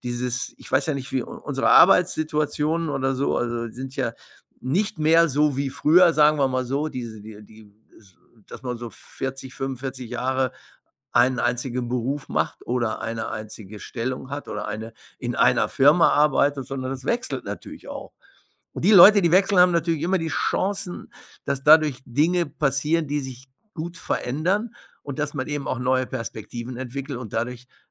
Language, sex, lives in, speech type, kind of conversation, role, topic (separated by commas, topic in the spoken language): German, male, Germany, conversation, advice, advisor, Wie entscheide ich zwischen einem sicheren Job und dem Risiko, meinen Karriereweg zu verändern?